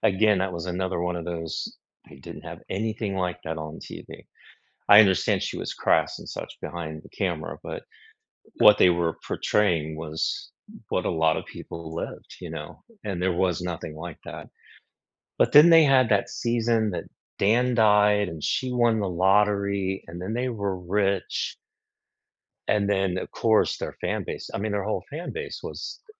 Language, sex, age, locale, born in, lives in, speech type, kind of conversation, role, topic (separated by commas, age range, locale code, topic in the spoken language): English, male, 55-59, en-US, United States, United States, conversation, unstructured, none, Which guilty-pleasure TV shows do you secretly love, and why are they so comforting?